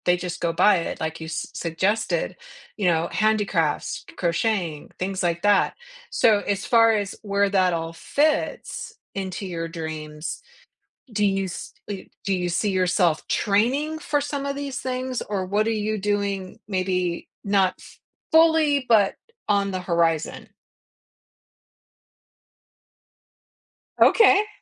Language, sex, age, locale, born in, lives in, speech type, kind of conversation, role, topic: English, female, 55-59, en-US, United States, United States, conversation, podcast, host, How do your hopes and ambitions shape the way you plan for the future?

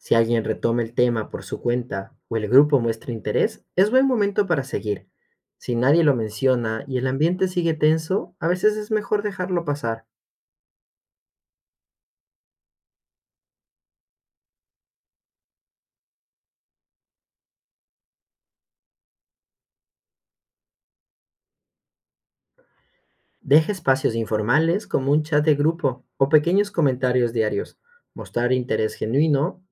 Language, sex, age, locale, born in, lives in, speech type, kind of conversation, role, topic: Spanish, male, 25-29, es-EC, Ecuador, Spain, read, expert-assistant, expert, ¿De qué manera poco convencional podríamos abordar los conflictos familiares para evitar los mismos malentendidos de siempre?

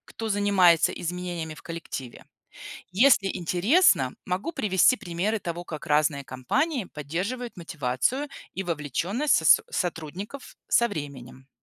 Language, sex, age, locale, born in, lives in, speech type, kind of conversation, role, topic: Russian, female, 40-44, ru-RU, Belarus, United States, read, expert-assistant, expert, Какими новыми способами можно вдохновлять людей заботиться о своём здоровье в современном мире?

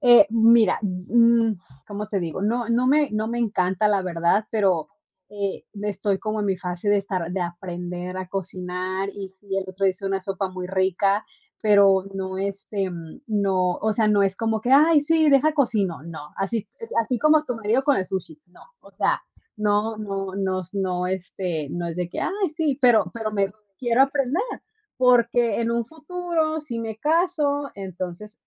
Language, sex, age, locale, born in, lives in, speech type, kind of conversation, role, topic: Spanish, female, 30-34, es-MX, Mexico, United States, conversation, unstructured, none, ¿Cuál es tu comida favorita y por qué te gusta tanto?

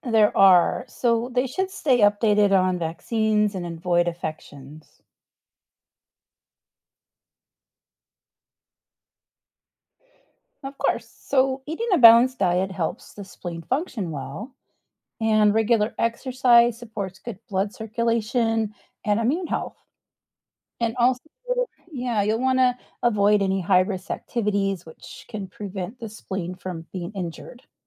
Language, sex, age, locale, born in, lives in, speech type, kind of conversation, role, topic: English, female, 45-49, en-US, United States, United States, read, expert-assistant, expert, What is the purpose of the spleen?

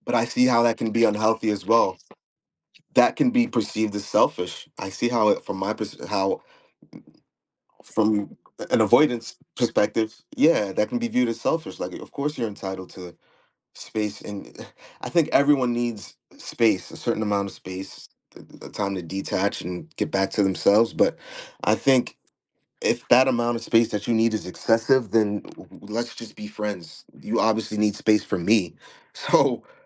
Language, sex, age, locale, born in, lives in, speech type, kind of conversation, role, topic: English, male, 30-34, en-US, United States, United States, conversation, unstructured, none, How can learning about our attachment styles help us build healthier relationships?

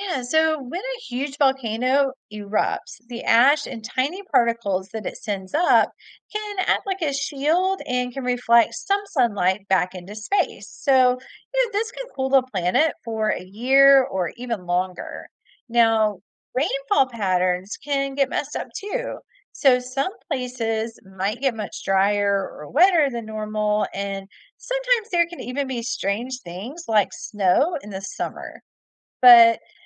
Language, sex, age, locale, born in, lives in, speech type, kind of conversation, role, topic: English, female, 45-49, en-US, United States, United States, read, expert-assistant, expert, Why does the sky appear blue during the day?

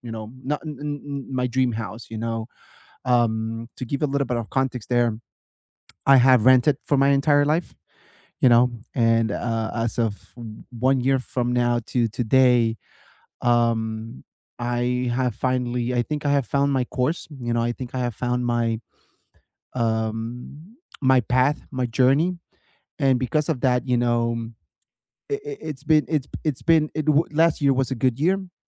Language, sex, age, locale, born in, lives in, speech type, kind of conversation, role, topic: English, male, 30-34, en-US, United States, United States, conversation, unstructured, none, What is one thing you hope to be doing in five years?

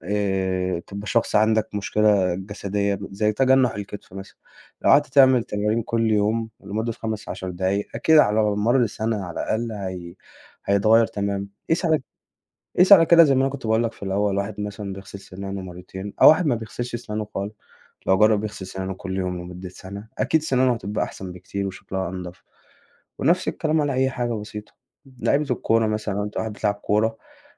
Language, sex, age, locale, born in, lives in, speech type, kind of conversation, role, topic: Arabic, male, 20-24, ar-EG, Egypt, Egypt, conversation, podcast, guest, إيه العادات الصغيرة اللي غيّرت صحتك للأحسن؟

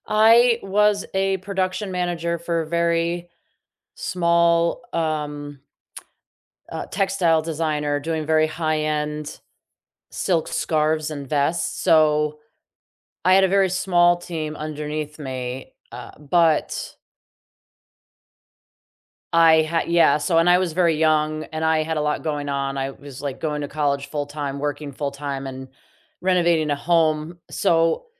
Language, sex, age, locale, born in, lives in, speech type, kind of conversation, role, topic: English, female, 40-44, en-US, United States, United States, conversation, unstructured, none, What do you think makes a good leader?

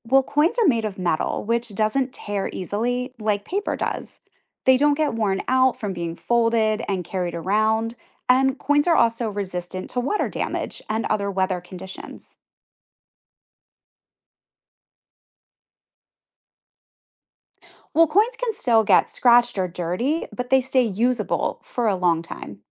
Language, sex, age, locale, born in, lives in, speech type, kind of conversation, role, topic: English, female, 40-44, en-US, United States, United States, read, expert-assistant, expert, Why do some countries use coins more than paper money?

